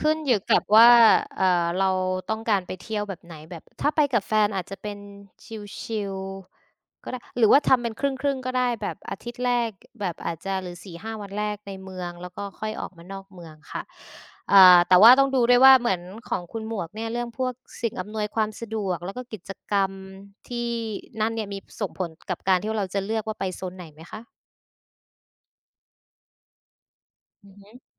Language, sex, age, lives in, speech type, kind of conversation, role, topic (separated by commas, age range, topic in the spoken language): Thai, female, 25-29, Thailand, conversation, unstructured, none, คุณพิจารณาปัจจัยใดบ้างเมื่อต้องเลือกสถานที่ท่องเที่ยว?